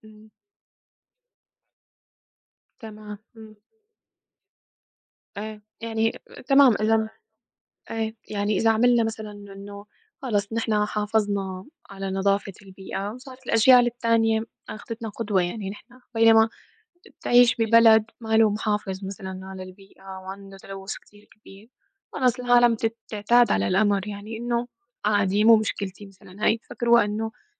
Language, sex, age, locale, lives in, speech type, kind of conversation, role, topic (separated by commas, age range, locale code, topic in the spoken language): Arabic, female, 25-29, ar-SY, United States, conversation, unstructured, none, إيه تأثير التلوث على البيئة من وجهة نظرك؟